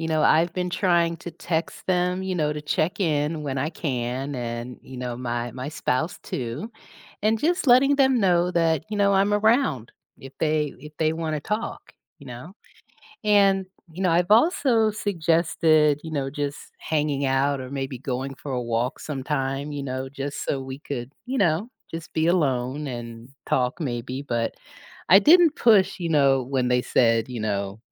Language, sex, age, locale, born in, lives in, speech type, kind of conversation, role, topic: English, female, 55-59, en-US, United States, United States, read, expert-assistant, asker, How can you support a friend who is going through a tough time?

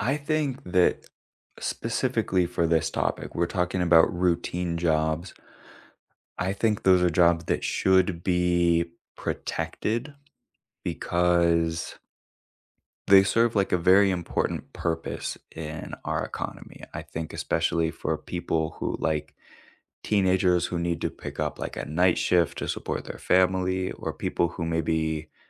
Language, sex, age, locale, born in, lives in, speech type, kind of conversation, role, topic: English, male, 25-29, en-US, United States, United States, conversation, unstructured, none, Should companies replace routine jobs with automation to stay competitive, or protect and invest in human employees?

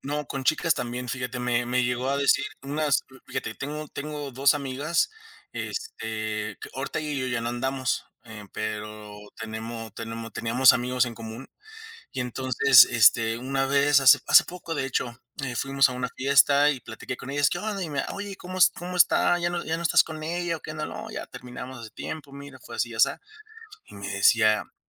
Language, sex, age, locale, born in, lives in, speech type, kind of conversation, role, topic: Spanish, male, 30-34, es-MX, Mexico, Mexico, conversation, podcast, guest, ¿Cómo manejas los desacuerdos con tus padres o con tu pareja?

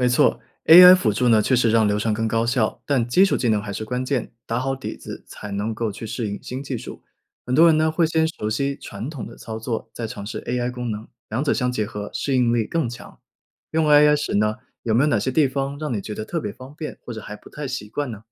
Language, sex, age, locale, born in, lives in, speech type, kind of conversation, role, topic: Chinese, male, 25-29, zh-CN, China, United States, read, expert-assistant, expert, 在网络上查找数字艺术相关信息时，怎么判断哪些资料更靠谱？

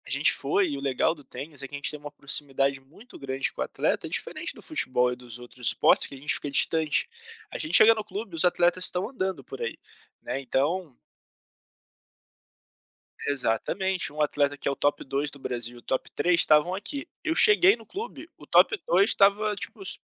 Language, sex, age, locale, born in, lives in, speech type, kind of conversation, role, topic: Portuguese, male, 25-29, pt-BR, Brazil, Portugal, conversation, podcast, guest, Que hobby criativo marcou a sua vida?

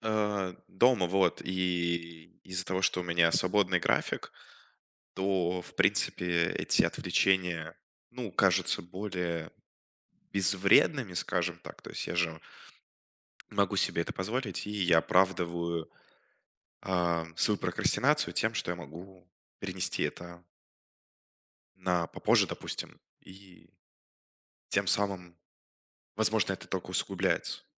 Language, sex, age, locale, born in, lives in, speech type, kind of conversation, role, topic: Russian, male, 20-24, ru-RU, Russia, Germany, conversation, advice, user, Как создать среду без цифрового шума для работы?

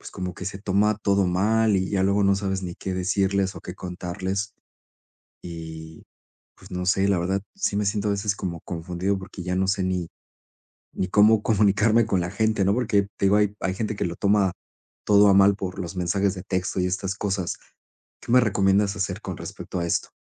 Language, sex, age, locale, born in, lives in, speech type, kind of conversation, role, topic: Spanish, male, 40-44, es-MX, Mexico, Spain, conversation, advice, user, ¿Cómo puedo manejar malentendidos por mensajes de texto o en redes sociales?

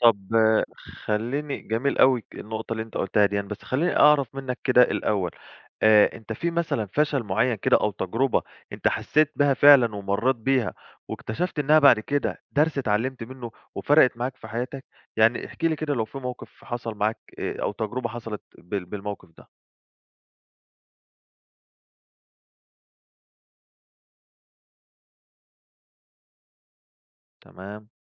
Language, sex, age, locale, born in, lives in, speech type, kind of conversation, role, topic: Arabic, male, 25-29, ar-EG, Egypt, Greece, conversation, podcast, host, هل في فشل مرّت بيك وبعد سنين اكتشفت إنه كان درس مفيد؟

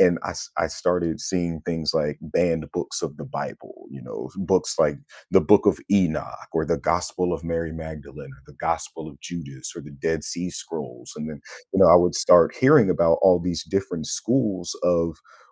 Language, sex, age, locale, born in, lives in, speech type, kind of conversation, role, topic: English, male, 30-34, en-US, United States, United States, conversation, podcast, guest, What motivates people to keep learning new things throughout their lives?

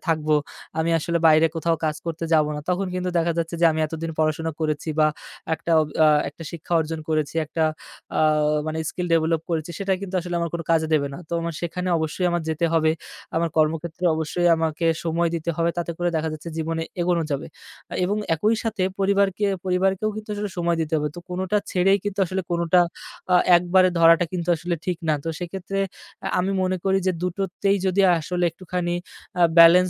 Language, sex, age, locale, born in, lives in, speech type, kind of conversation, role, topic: Bengali, male, 25-29, bn-BD, Bangladesh, Bangladesh, conversation, podcast, guest, তুমি কীভাবে ব্যস্ততার মধ্যেও নতুন শখের জন্য সময় বের করো—কিছু টিপস দেবে?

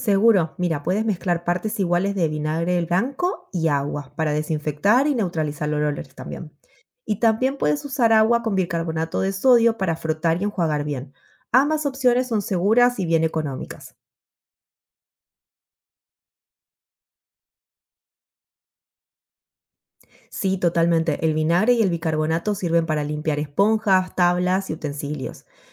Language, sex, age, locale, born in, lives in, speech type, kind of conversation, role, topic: Spanish, female, 35-39, es-AR, Argentina, United States, read, expert-assistant, expert, ¿Qué trucos sencillos puedo usar en casa para asegurar que la comida sea segura?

